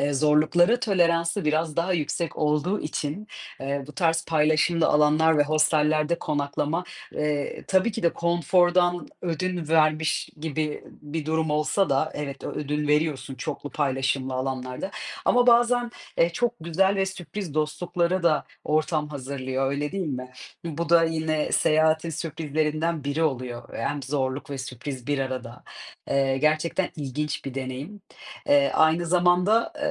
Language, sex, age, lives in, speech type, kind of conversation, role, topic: Turkish, female, 30-34, Portugal, conversation, unstructured, none, Yeni bir yere gitmek size neden heyecan verici geliyor?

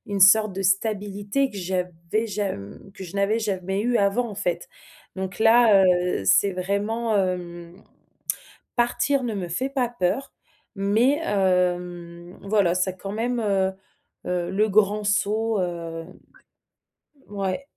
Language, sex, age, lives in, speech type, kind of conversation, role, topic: French, female, 30-34, France, conversation, advice, user, Comment dire au revoir à ma famille et à mes amis avant de déménager à l’étranger ?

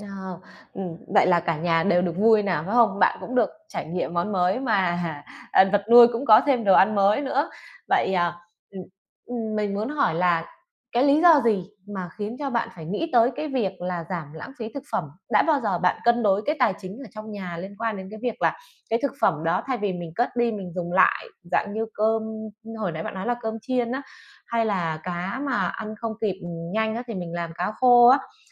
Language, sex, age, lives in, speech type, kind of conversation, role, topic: Vietnamese, female, 30-34, Vietnam, conversation, podcast, host, Bạn làm gì để giảm lãng phí thực phẩm trong gia đình?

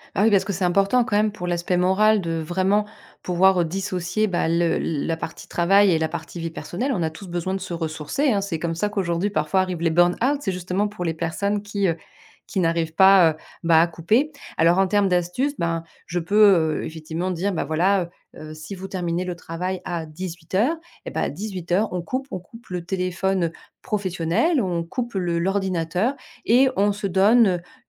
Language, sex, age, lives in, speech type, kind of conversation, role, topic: French, female, 40-44, France, conversation, podcast, guest, Comment trouves-tu l’équilibre entre le travail et ta vie personnelle ?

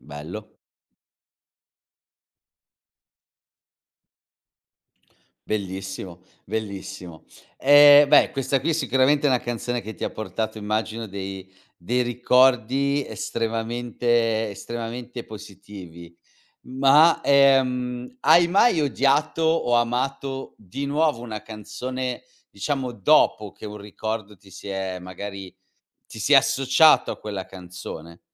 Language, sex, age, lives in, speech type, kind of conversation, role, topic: Italian, male, 40-44, Italy, conversation, podcast, host, I ricordi legati a una canzone cambiano il tuo modo di sentirla?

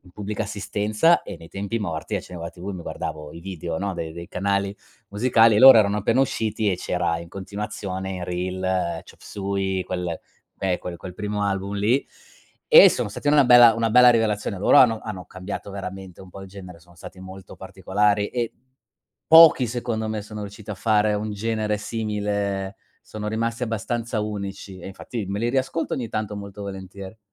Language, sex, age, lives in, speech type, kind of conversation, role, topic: Italian, male, 40-44, Germany, conversation, unstructured, none, Qual è il ricordo più bello che hai legato a un concerto o a una festa musicale?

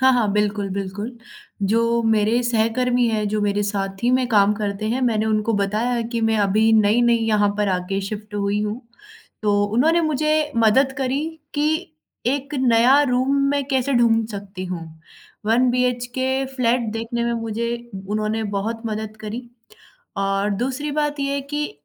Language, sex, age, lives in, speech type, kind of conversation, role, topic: Hindi, female, 20-24, India, conversation, advice, user, शहर बदलने के बाद आप नई ज़िंदगी में कैसे ढल रहे हैं?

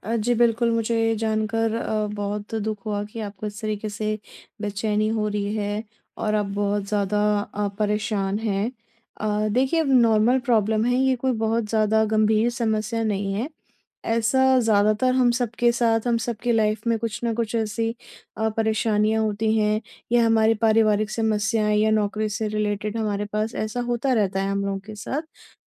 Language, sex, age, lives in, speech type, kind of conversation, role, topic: Hindi, female, 30-34, India, conversation, advice, advisor, पल भर में मानसिक शांति पाने के लिए मैं अभी क्या कर सकता हूँ?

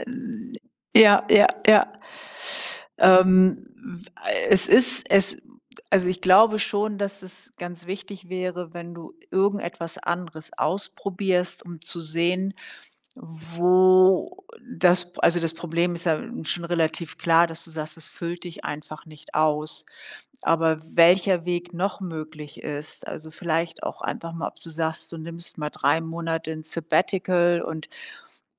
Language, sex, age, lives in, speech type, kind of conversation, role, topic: German, female, 60-64, Germany, conversation, advice, advisor, Warum fühlt sich dein Job für dich sinnlos an?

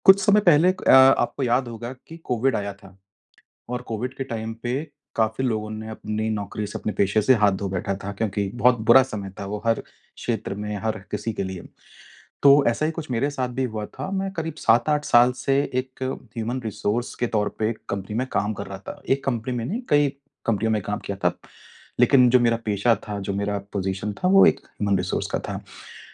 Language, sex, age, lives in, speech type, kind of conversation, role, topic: Hindi, male, 35-39, India, conversation, advice, user, मैं किसी शर्मनाक पल के बाद जल्दी कैसे संभल सकता/सकती हूँ?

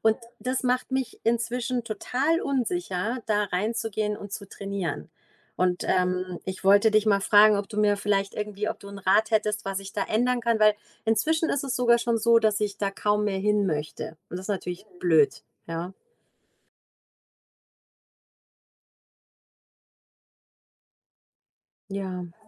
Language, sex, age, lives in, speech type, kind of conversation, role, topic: German, female, 40-44, Germany, conversation, advice, user, Wodurch fühlst du dich im Fitnessstudio unsicher oder schämst dich, und in welchen Situationen ist dieses Gefühl am stärksten?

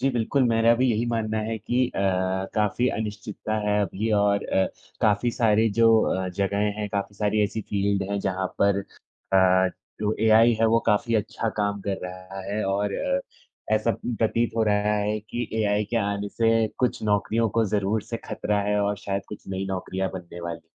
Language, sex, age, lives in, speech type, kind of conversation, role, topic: Hindi, male, 20-24, India, conversation, unstructured, none, क्या कृत्रिम बुद्धिमत्ता से इंसानों की नौकरियाँ खतरे में हैं?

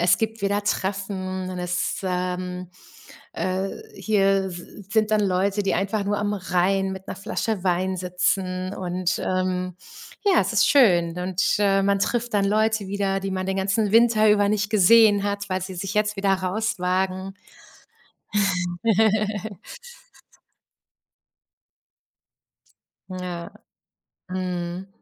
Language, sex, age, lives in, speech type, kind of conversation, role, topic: German, female, 45-49, Germany, conversation, unstructured, none, Wenn du dich zwischen Sommer und Winter entscheiden müsstest, welche Jahreszeit würdest du wählen?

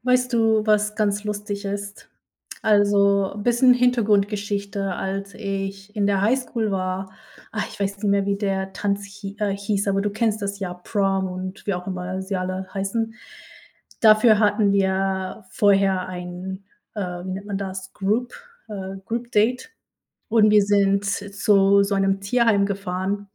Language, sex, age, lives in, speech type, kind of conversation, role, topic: German, female, 30-34, United States, conversation, unstructured, none, Gibt es ein Hobby, das dich besonders glücklich macht?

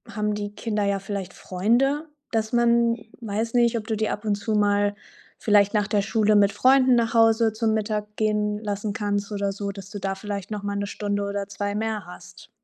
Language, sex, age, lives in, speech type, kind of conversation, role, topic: German, female, 25-29, Germany, conversation, advice, advisor, Wie kann ich trotz meiner Kinder oder fehlender Kinderbetreuung eine Trainingsroutine beibehalten?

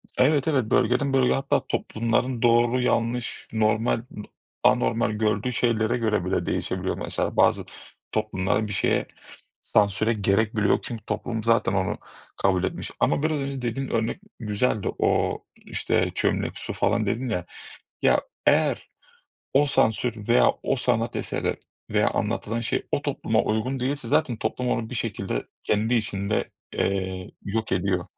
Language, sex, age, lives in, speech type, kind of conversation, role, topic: Turkish, male, 30-34, Greece, conversation, unstructured, none, Sanat eserlerine sansür uygulanmalı mı?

